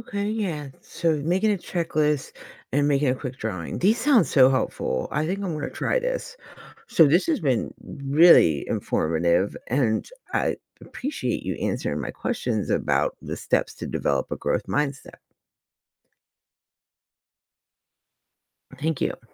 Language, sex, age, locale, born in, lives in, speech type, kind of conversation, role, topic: English, female, 40-44, en-US, United States, United States, read, expert-assistant, asker, What are steps to develop a growth mindset?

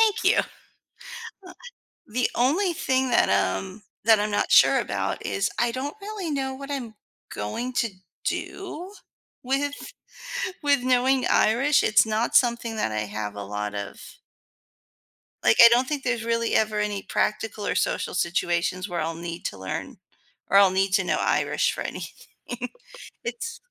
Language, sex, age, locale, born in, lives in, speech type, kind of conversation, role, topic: English, female, 40-44, en-US, United States, United States, conversation, advice, user, How can I enjoy and stay motivated with my new hobby?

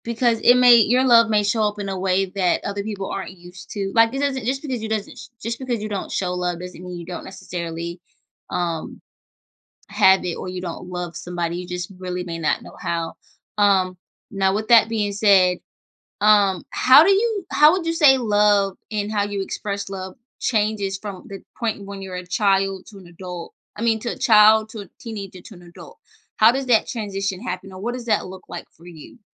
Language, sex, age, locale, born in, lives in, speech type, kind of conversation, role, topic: English, female, 25-29, en-US, United States, United States, conversation, podcast, host, How do families build and express love in everyday life?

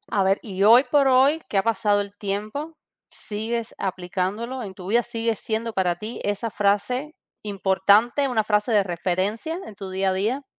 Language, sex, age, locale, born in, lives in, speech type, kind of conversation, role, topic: Spanish, female, 40-44, es-CU, Cuba, United States, conversation, podcast, host, ¿Cuál fue una lección de vida que cambió tu forma de ver las cosas?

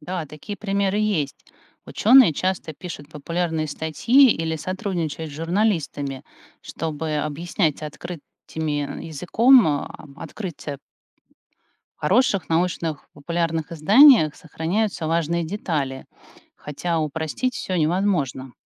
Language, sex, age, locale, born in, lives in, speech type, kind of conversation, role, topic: Russian, female, 45-49, ru-RU, Russia, United States, read, expert-assistant, expert, Можно ли доверять популярным медиа-источникам, когда они сообщают о новых открытиях в морской биологии?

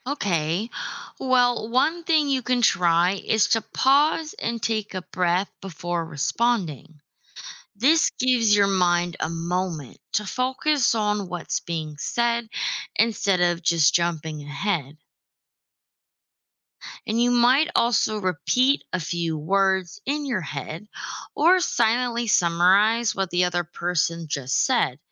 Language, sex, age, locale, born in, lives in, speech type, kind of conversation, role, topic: English, female, 25-29, en-US, United States, United States, read, expert-assistant, expert, Why is it important to be a good listener?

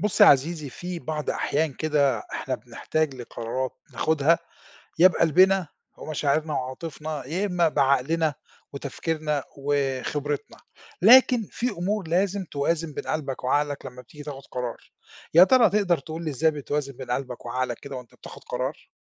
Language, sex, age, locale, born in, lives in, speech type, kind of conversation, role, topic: Arabic, male, 50-54, ar-EG, Egypt, Portugal, conversation, podcast, host, إزاي بتوازن بين قلبك وعقلك وإنت بتاخد قرار؟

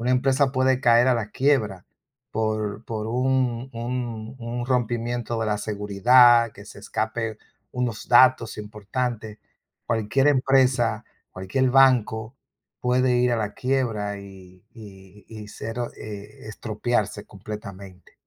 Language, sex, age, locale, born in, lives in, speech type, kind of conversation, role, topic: Spanish, male, 55-59, es-DO, Dominican Republic, United States, conversation, unstructured, none, ¿Cómo te sientes cuando alguien invade tu privacidad?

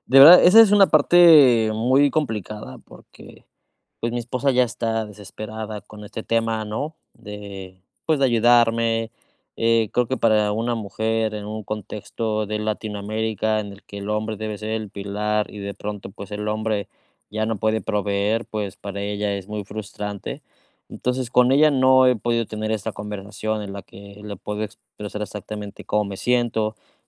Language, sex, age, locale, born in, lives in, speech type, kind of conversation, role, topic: Spanish, male, 30-34, es-MX, Mexico, Mexico, conversation, advice, user, ¿Cómo puedo manejar la incertidumbre después de un cambio significativo?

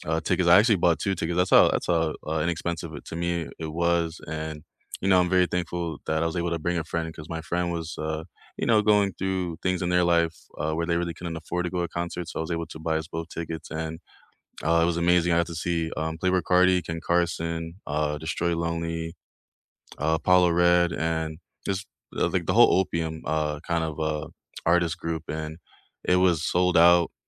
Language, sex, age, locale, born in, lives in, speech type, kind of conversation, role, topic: English, male, 25-29, en-US, United States, United States, conversation, unstructured, none, What is the best live performance you’ve seen, either recently or of all time?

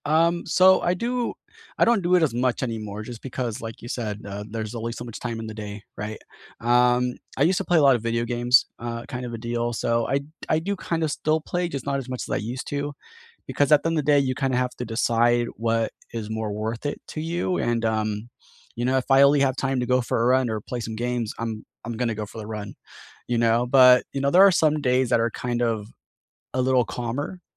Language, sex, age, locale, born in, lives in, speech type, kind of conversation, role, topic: English, male, 30-34, en-US, United States, United States, conversation, unstructured, none, What’s your go-to way to unwind after a long day, and why does it help you?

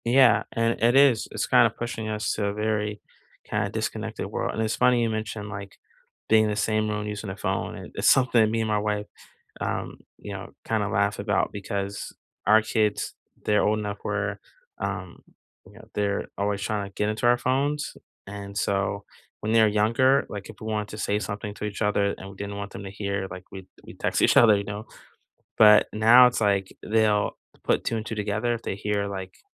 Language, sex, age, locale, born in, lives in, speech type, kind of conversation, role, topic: English, male, 40-44, en-US, United States, United States, conversation, unstructured, none, Which old-school, low-tech gadget do you still swear by, and why does it outperform today’s tech?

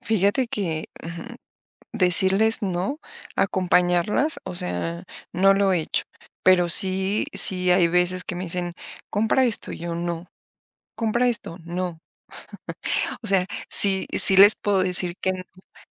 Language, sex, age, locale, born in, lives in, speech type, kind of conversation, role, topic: Spanish, female, 45-49, es-MX, Mexico, Mexico, conversation, advice, user, ¿Qué presión social sientes para gastar en salidas y eventos?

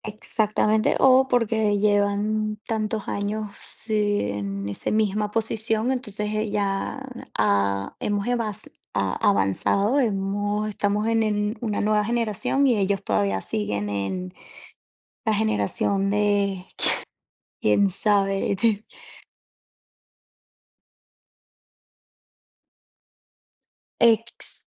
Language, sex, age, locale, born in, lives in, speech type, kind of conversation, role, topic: Spanish, female, 30-34, es-VE, Venezuela, United States, conversation, unstructured, none, ¿Qué harías para mejorar la educación en tu país?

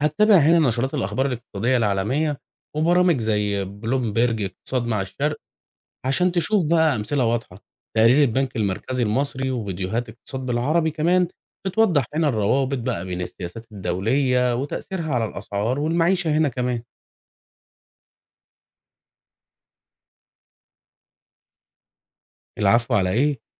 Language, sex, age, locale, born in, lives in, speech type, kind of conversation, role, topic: Arabic, male, 35-39, ar-EG, Egypt, Egypt, read, expert-assistant, expert, إزاي أقدر أطور فهمي للنظام الاقتصادي العالمي وتأثيره على حياتنا اليومية؟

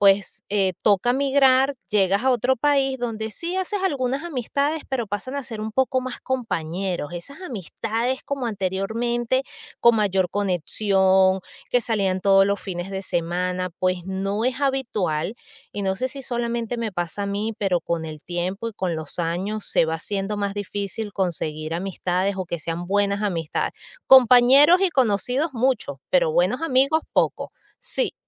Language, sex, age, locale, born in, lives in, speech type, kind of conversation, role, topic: Spanish, female, 40-44, es-VE, Venezuela, United States, conversation, podcast, guest, ¿Cómo haces nuevas amistades hoy en día?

